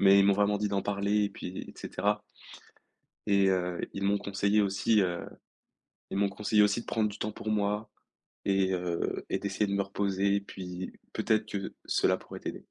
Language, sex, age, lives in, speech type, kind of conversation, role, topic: French, male, 18-19, France, conversation, advice, user, Comment annoncer une séparation à son partenaire ?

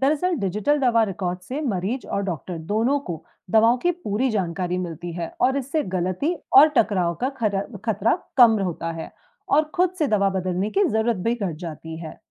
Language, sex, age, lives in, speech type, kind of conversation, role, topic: Hindi, female, 35-39, India, read, expert-assistant, expert, डॉक्टर लोग मरीज की देखभाल के लिए कौन-सा तरीका अपनाते हैं, जिससे इलाज में सबसे अच्छे नतीजे मिलें?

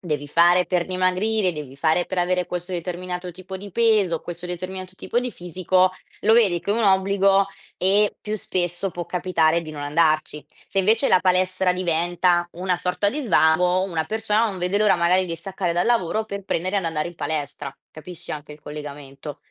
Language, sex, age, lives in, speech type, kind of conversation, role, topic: Italian, female, 20-24, Italy, conversation, advice, advisor, Come posso mantenere costanza nella meditazione ogni giorno?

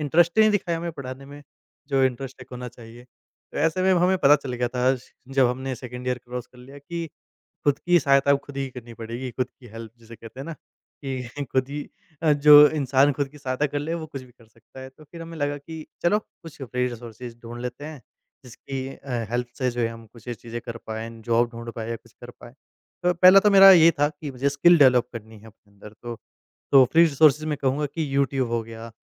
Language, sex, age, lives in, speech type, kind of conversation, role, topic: Hindi, male, 20-24, India, conversation, podcast, guest, Kaunse muft resources ne tumhari sabse zyada madad ki, woh share karoge?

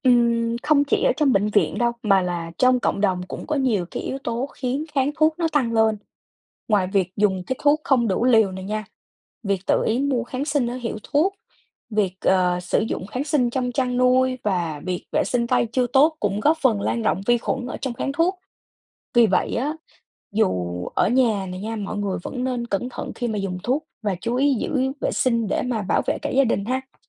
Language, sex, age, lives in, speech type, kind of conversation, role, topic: Vietnamese, female, 20-24, United States, read, expert-assistant, expert, Có thật là uống kháng sinh không đủ liều sẽ dẫn đến tình trạng kháng thuốc nguy hiểm không? Tại sao điều này lại xảy ra và thực tế ở Việt Nam như thế nào?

